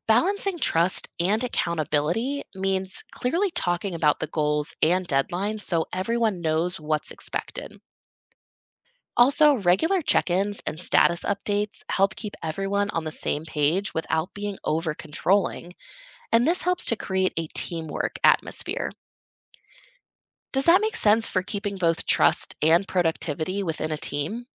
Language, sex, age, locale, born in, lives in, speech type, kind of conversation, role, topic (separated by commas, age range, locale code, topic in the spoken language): English, female, 25-29, en-US, United States, United States, read, expert-assistant, expert, What are the benefits of teamwork and collaboration in achieving goals?